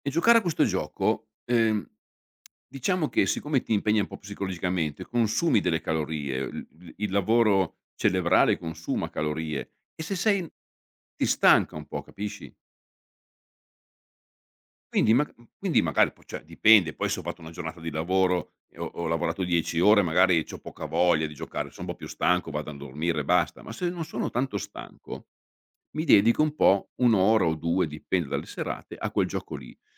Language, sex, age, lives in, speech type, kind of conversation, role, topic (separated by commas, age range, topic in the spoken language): Italian, male, 55-59, Italy, conversation, podcast, guest, Quali abitudini ti aiutano a dormire meglio la notte?